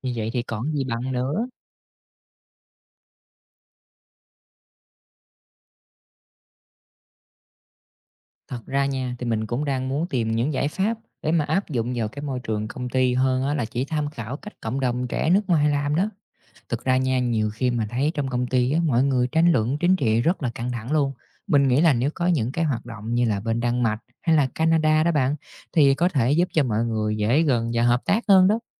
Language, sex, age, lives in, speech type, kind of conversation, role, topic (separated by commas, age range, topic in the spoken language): Vietnamese, male, 30-34, Vietnam, read, expert-assistant, asker, Làm thế nào để giảm chia rẽ chính trị trong môi trường công sở?